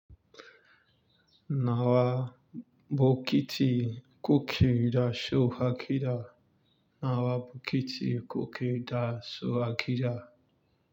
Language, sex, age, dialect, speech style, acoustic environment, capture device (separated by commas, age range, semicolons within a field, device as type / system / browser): Hausa, male, 25-34, Nigeria - Lagos Yoruba, focused, nature, mobile / Linux / Chrome